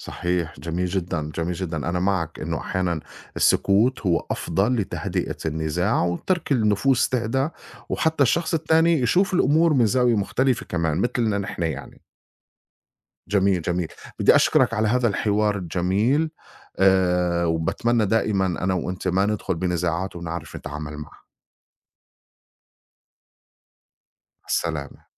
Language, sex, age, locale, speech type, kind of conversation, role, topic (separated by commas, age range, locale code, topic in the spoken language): Arabic, male, 40-44, ar-SY, conversation, unstructured, none, إيه الطرق اللي بتستخدمها عشان تهدي الأمور وقت الخِناق؟